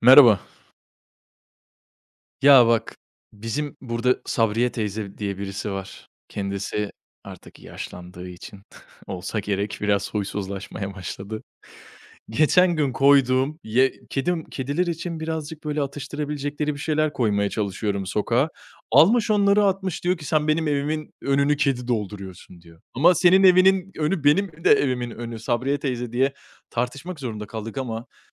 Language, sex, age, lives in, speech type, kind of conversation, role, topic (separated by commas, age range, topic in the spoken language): Turkish, male, 25-29, Italy, conversation, unstructured, none, Sokak hayvanlarına yardım etmek hakkında ne düşünüyorsun?